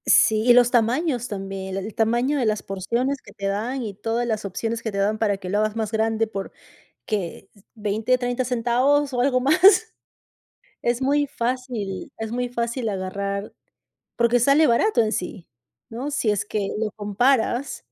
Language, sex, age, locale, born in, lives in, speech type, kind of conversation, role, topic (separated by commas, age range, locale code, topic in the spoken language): Spanish, female, 35-39, es-PE, Peru, United States, conversation, unstructured, none, ¿Qué es lo que más te molesta de las cadenas de comida rápida?